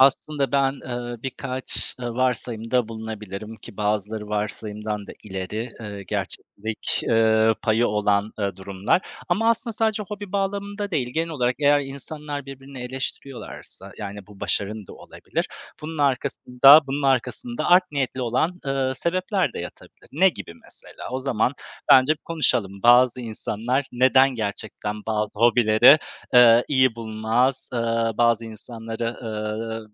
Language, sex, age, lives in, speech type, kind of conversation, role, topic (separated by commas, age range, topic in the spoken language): Turkish, male, 35-39, Poland, conversation, unstructured, none, Sence bazı hobiler insanları neden itici gösterir?